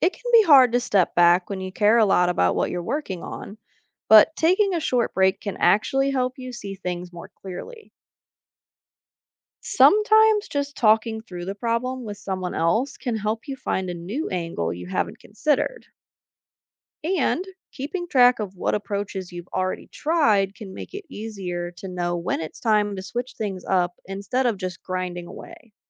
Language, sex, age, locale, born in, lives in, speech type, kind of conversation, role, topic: English, female, 25-29, en-US, United States, United States, read, expert-assistant, expert, What are the benefits of a growth mindset?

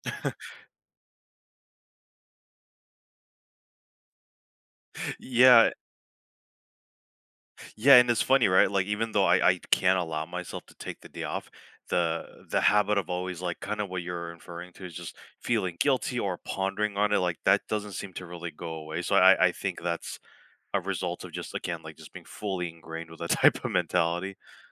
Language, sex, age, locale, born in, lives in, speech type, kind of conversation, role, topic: English, male, 35-39, en-US, United States, United States, conversation, podcast, guest, What motivates people to change their habits and what makes it difficult?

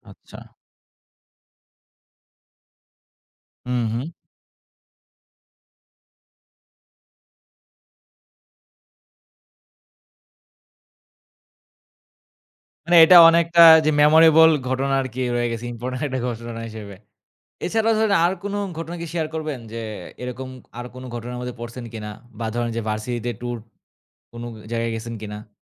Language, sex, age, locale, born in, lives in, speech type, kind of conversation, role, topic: Bengali, male, 20-24, bn-BD, Bangladesh, Bangladesh, conversation, podcast, host, শিক্ষাজীবনে তোমার সবচেয়ে স্মরণীয় অভিজ্ঞতা কী?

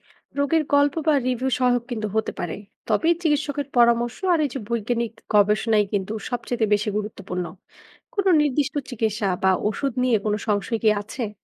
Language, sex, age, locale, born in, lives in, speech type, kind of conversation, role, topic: Bengali, female, 20-24, bn-BD, Bangladesh, Bangladesh, read, expert-assistant, expert, অনলাইনে চিকিৎসা বিশেষত্ব নিয়ে গবেষণা করলে তথ্যের সত্যতা বুঝবেন কীভাবে?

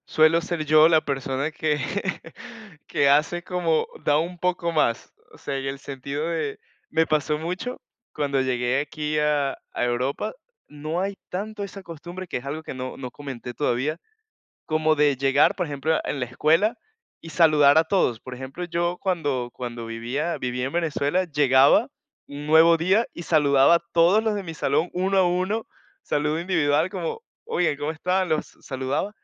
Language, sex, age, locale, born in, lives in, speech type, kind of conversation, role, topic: Spanish, male, 20-24, es-VE, Venezuela, Portugal, conversation, podcast, guest, ¿Qué diferencias notas entre saludar con un abrazo y saludar con un beso en la mejilla?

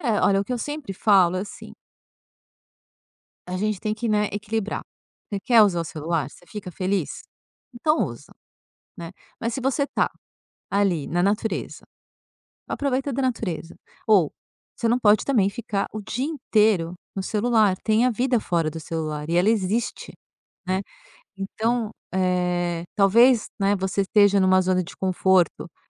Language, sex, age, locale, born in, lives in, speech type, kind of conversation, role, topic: Portuguese, female, 50-54, pt-BR, Brazil, France, conversation, podcast, guest, Como desligar do celular e aproveitar melhor o dia?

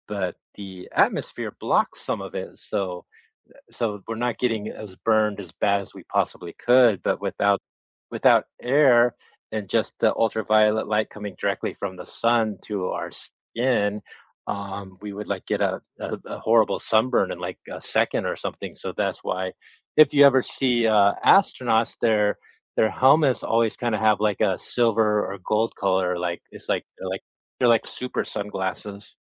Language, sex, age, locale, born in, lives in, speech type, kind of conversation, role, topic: English, male, 50-54, en-US, United States, United States, conversation, unstructured, none, What is a surprising fact you have heard about space?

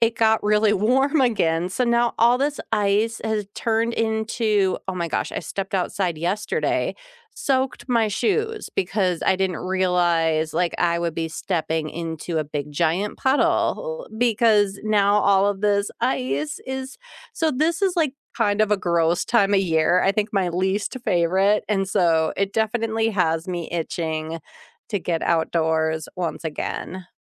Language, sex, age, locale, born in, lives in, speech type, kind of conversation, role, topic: English, female, 40-44, en-US, United States, United States, conversation, unstructured, none, How does spending time outside affect your mood?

